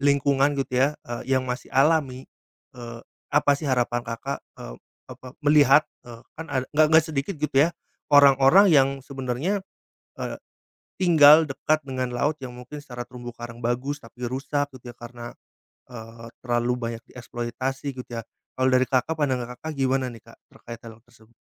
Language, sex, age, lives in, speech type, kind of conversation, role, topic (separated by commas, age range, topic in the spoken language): Indonesian, male, 30-34, Indonesia, conversation, podcast, host, Apa arti laut atau pantai dalam hidupmu?